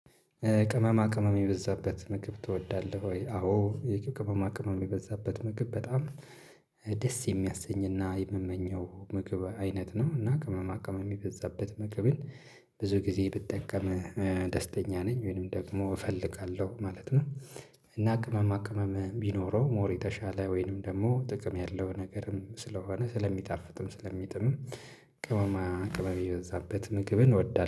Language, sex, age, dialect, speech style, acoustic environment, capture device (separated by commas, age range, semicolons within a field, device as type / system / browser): Amharic, male, 25-34, Ethiopia - Addis Ababa, happy; relaxed, silence, mobile / Linux / Chrome